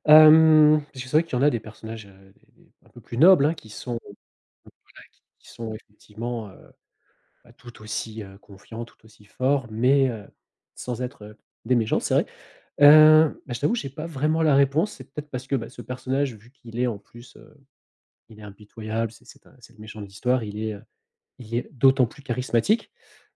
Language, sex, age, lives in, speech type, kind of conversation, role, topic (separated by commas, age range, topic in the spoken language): French, male, 40-44, France, conversation, podcast, guest, Comment développes-tu ta confiance en toi, concrètement ?